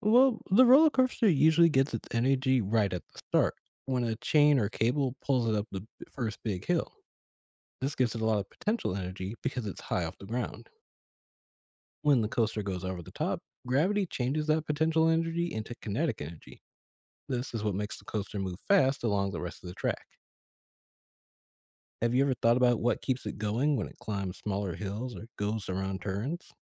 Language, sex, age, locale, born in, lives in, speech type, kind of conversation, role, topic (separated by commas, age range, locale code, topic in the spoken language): English, male, 25-29, en-US, United States, United States, read, expert-assistant, expert, How does a roller coaster get its energy?